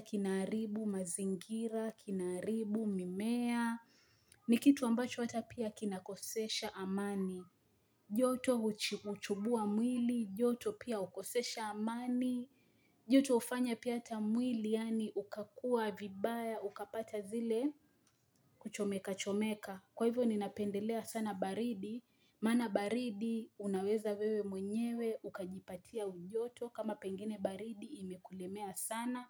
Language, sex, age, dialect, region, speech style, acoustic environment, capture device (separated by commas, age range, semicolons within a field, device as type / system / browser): Swahili, female, 25-34, Kenya - Mombasa, Kenya, happy, people talking, mobile / Linux / Chrome